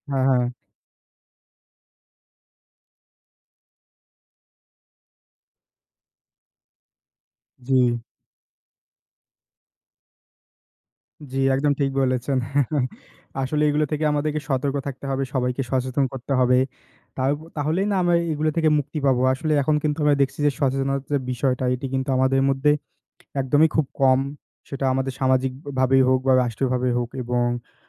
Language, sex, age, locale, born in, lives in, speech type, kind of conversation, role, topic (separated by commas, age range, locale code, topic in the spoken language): Bengali, male, 20-24, bn-BD, Bangladesh, Bangladesh, conversation, unstructured, none, আপনার মতে আজকাল প্রাকৃতিক দুর্যোগ কেন বেশি হচ্ছে?